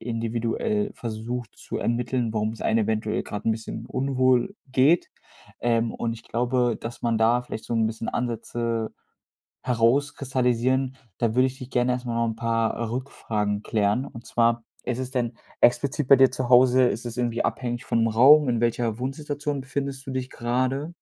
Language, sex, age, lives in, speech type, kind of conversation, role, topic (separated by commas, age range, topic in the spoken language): German, male, 25-29, Germany, conversation, advice, advisor, Wie kann ich mich zu Hause besser entspannen und Filme oder Musik wirklich genießen?